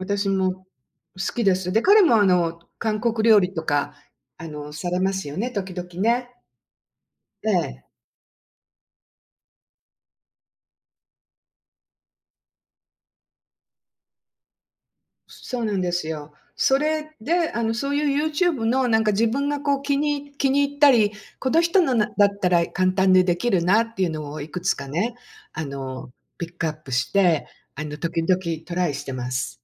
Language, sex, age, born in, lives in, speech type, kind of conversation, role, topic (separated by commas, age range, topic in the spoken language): Japanese, female, 60-64, Japan, United States, conversation, unstructured, none, 好きな料理は何ですか？理由も教えてください？